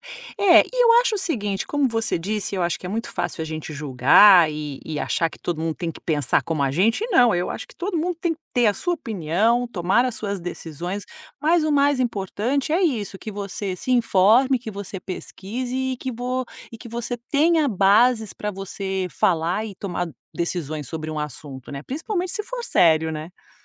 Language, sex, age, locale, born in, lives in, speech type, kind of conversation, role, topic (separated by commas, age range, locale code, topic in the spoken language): Portuguese, female, 50-54, pt-BR, Brazil, United States, conversation, podcast, guest, Como você decide em quais fontes confiar ao estudar algo novo?